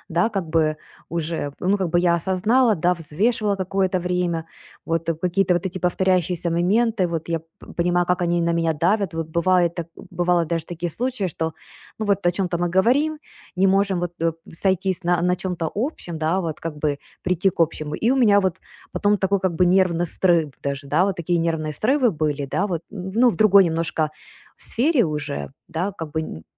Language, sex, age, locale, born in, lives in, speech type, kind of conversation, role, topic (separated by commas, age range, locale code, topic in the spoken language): Russian, female, 40-44, ru-UA, Ukraine, United States, conversation, advice, user, Как принять важное решение, сохраняя баланс между разумом и интуицией?